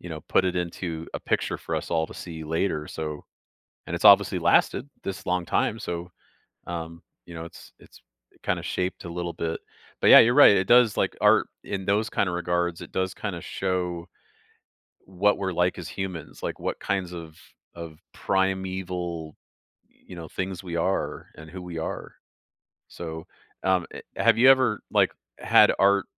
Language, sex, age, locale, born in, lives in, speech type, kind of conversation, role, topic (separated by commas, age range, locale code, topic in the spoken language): English, male, 45-49, en-US, Canada, United States, conversation, podcast, host, How does art help us understand different perspectives and experiences?